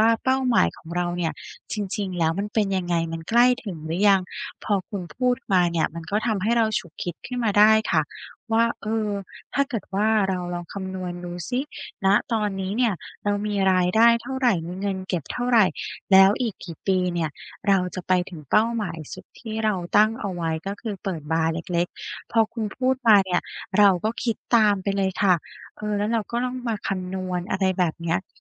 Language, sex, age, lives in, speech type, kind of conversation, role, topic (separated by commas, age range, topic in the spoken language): Thai, female, 35-39, Thailand, conversation, advice, user, ฉันควรทำอย่างไรเมื่อขาดแรงจูงใจและรู้สึกว่าถอยหลัง?